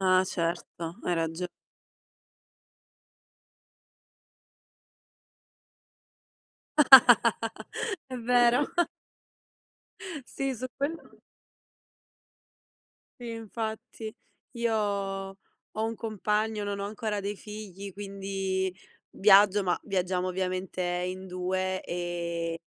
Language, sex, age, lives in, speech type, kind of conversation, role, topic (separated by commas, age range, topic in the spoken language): Italian, female, 25-29, Italy, conversation, unstructured, none, Preferisci viaggiare da solo o in compagnia?